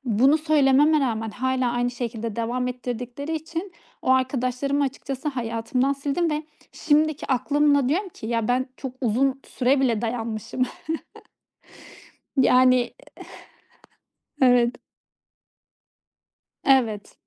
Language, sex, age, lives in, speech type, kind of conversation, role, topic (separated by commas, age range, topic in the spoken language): Turkish, female, 30-34, Estonia, conversation, podcast, guest, Arkadaş seçerken sence hangi kırmızı bayraklara dikkat etmek gerekir?